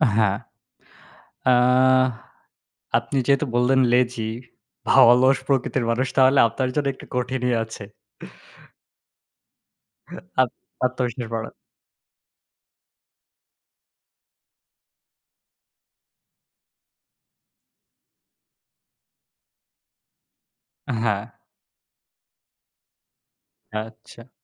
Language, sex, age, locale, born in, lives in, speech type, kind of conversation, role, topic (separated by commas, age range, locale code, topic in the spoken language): Bengali, male, 20-24, bn-BD, Bangladesh, Bangladesh, conversation, unstructured, none, আপনি আপনার আত্মবিশ্বাস বাড়াতে কোন কোন উপায় অনুসরণ করতে চান?